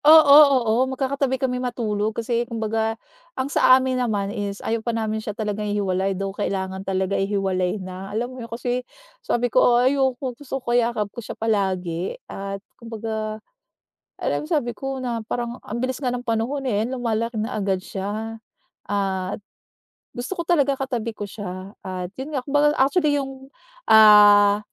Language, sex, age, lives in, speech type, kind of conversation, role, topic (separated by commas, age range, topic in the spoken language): Filipino, female, 40-44, United States, conversation, podcast, guest, Ano ang ginagawa ninyo para magkaroon ng makabuluhang oras na magkakasama ang pamilya gabi-gabi?